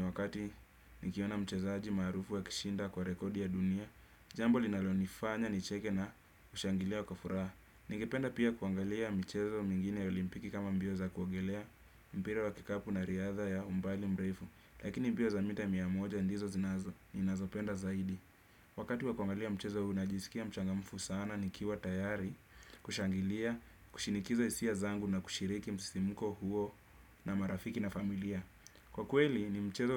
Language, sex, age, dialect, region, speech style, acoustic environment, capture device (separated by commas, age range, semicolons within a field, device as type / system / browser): Swahili, male, 25-34, Kenya - Nairobi Sheng-influenced, Kenya, happy; excited; focused; relaxed, silence; nature, desktop / Windows / Chrome